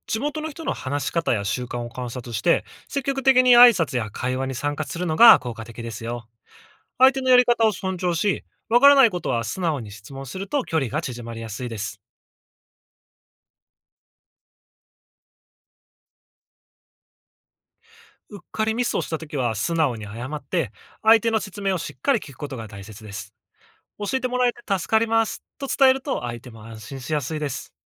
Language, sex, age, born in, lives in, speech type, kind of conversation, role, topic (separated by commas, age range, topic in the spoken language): Japanese, male, 25-29, Japan, Japan, read, expert-assistant, expert, 「同じ国でも地域ごとに文化が大きく違うのは本当ですか？」